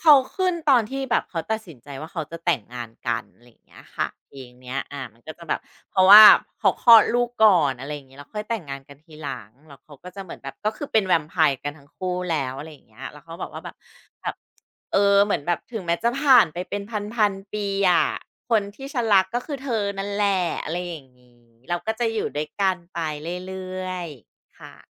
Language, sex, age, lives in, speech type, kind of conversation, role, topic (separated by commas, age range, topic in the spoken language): Thai, female, 40-44, Thailand, conversation, podcast, guest, เพลงไหนที่ฟังแล้วทำให้คุณรู้สึกเหมือนอยู่ในหนัง?